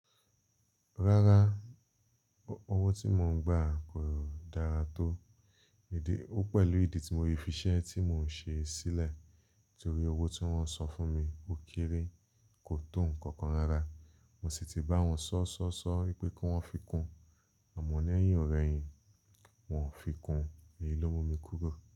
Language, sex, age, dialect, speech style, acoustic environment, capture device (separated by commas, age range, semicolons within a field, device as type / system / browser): Yoruba, male, 25-34, Nigeria - Lagos Yoruba, relaxed, silence, mobile / Linux / Chrome